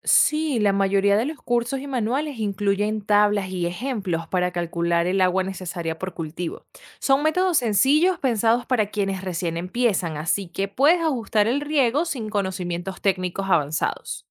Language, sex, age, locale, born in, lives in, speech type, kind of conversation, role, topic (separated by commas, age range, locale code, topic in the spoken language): Spanish, female, 25-29, es-VE, Venezuela, United States, read, expert-assistant, expert, ¿Qué es mejor para pequeños productores: invertir en sistemas de riego por goteo o aspersión?